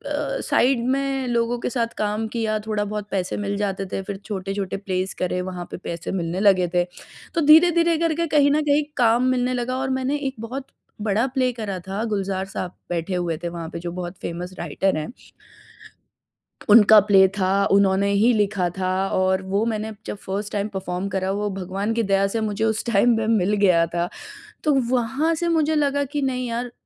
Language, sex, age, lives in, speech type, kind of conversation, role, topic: Hindi, female, 30-34, India, conversation, podcast, guest, Kab tumne pehli baar apne comfort zone se bahar kadam rakha?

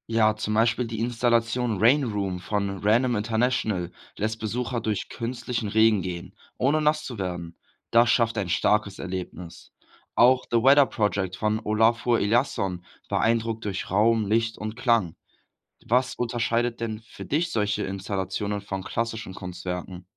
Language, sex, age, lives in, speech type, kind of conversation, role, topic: German, male, 18-19, Germany, read, expert-assistant, expert, Warum finden manche Menschen moderne Kunst faszinierend, während andere sie ablehnen? Welche Rolle spielen dabei Kultur und Erziehung?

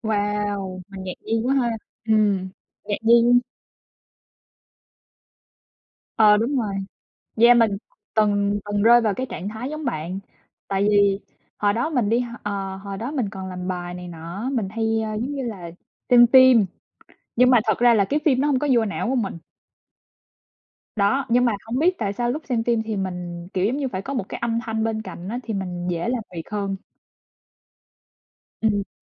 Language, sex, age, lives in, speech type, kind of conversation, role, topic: Vietnamese, male, 20-24, United States, conversation, unstructured, none, Bạn có thói quen nào giúp bạn tập trung hơn không?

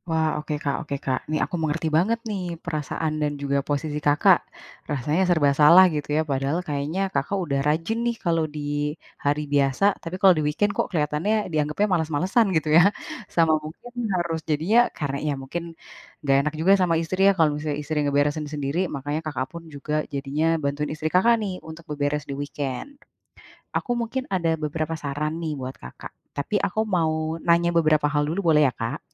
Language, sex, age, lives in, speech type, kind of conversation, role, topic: Indonesian, female, 30-34, Indonesia, conversation, advice, advisor, Kenapa saya sulit rileks saat menikmati waktu santai di rumah?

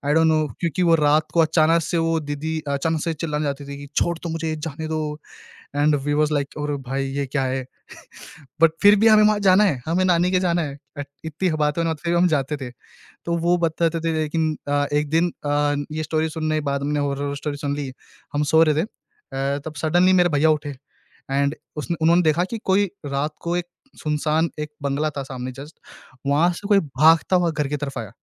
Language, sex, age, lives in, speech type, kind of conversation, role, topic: Hindi, male, 20-24, India, conversation, podcast, guest, Bachpan ka kaunsa parivaarik rivaaj aap ab tak follow karte ho?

